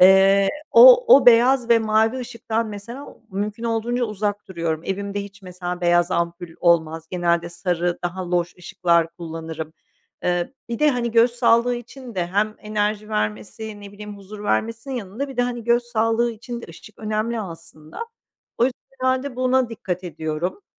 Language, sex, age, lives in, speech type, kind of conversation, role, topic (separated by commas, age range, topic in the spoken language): Turkish, female, 45-49, Netherlands, conversation, podcast, guest, Hangi renkler sana enerji verir, hangileri sakinleştirir?